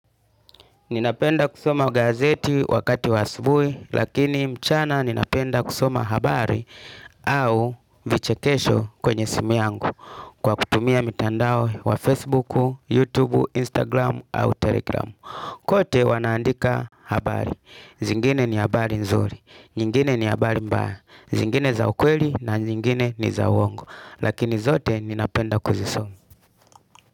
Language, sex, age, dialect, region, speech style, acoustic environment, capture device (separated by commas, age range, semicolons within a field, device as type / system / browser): Swahili, male, 35-44, Tanzania - Dar es Salaam, Tanzania, tired, music, desktop / Linux / Chrome